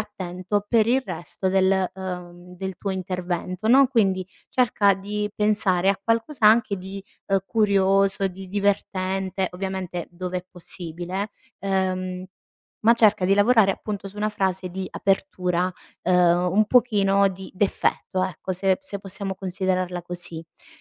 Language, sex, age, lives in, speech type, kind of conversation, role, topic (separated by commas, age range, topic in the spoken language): Italian, female, 30-34, Italy, conversation, advice, advisor, Come posso catturare l’attenzione quando parlo davanti a un gruppo?